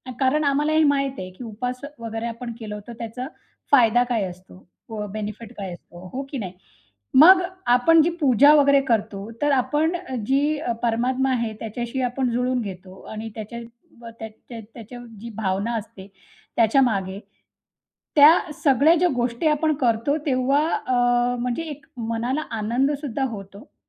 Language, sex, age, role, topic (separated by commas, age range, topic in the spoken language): Marathi, female, 35-39, guest, सणांमध्ये तुमच्या घरी कोणत्या खास रूढी-परंपरा पाळल्या जातात?